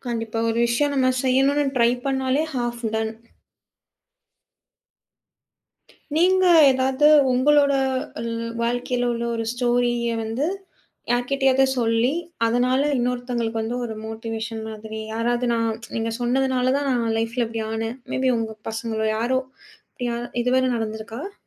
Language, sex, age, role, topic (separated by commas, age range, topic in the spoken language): Tamil, female, 30-34, host, பொதுவாக பேசும்போது, உங்கள் தனிப்பட்ட கதையை எவ்வளவு அளவுக்கு பகிர வேண்டும் என்று நீங்கள் எப்படி முடிவு செய்கிறீர்கள்?